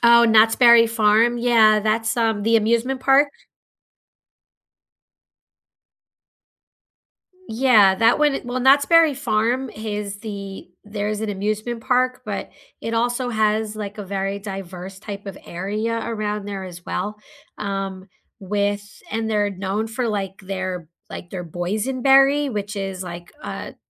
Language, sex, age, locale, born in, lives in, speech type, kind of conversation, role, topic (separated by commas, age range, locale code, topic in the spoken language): English, female, 45-49, en-US, United States, United States, conversation, unstructured, none, What hidden gems in your city do you love to show visitors?